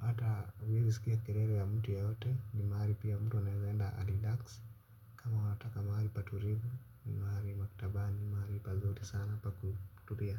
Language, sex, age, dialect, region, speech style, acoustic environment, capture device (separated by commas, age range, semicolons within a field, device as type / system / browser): Swahili, male, 25-34, Kenya - Nairobi Sheng-influenced, Kenya, relaxed, silence, mobile / Linux / Chrome